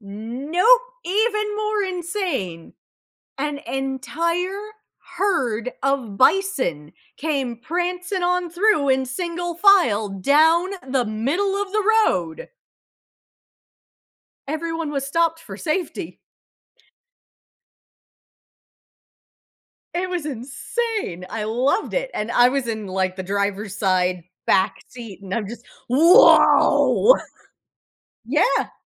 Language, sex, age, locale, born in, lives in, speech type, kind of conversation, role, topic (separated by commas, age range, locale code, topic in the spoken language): English, female, 35-39, en-US, United States, United States, conversation, podcast, guest, How has visiting a beautiful place changed your perspective or inspired you?